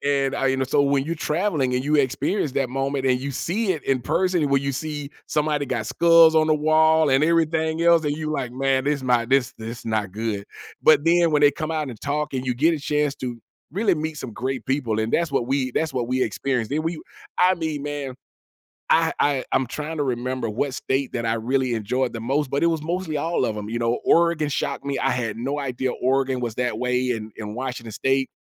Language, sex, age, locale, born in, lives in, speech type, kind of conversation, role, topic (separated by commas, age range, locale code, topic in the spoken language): English, male, 45-49, en-US, United States, United States, conversation, podcast, guest, How have unexpected moments during travel shaped your experiences or outlook?